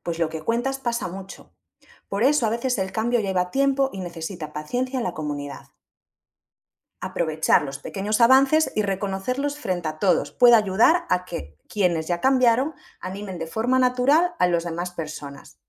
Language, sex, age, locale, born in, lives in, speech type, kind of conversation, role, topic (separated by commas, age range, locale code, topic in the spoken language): Spanish, female, 30-34, es-ES, Spain, Portugal, read, expert-assistant, expert, ¿De qué forma la educación ambiental puede cambiar los hábitos de una comunidad?